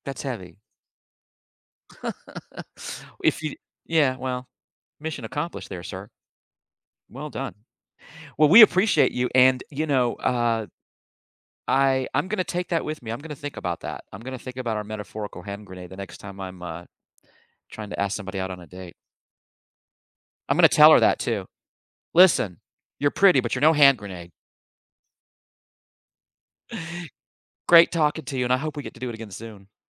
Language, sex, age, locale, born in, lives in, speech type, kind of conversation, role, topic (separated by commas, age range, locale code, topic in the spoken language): English, male, 55-59, en-US, United States, United States, conversation, podcast, host, How have moments of courage shaped your personal growth?